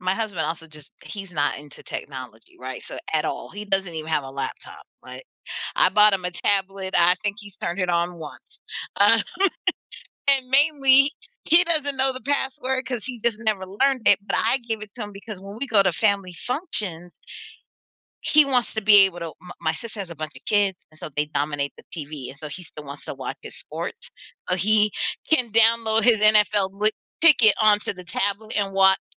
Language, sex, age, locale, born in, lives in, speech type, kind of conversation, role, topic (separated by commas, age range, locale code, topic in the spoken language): English, female, 40-44, en-US, United States, United States, conversation, podcast, host, How is technology changing the way we connect with each other?